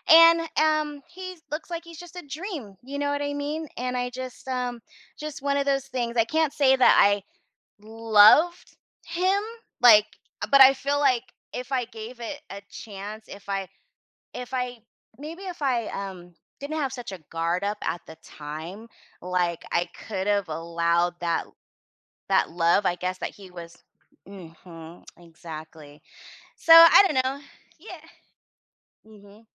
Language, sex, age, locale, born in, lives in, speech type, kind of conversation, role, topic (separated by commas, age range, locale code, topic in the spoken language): English, female, 40-44, en-US, United States, United States, conversation, advice, user, How can I tell my first love I still have feelings?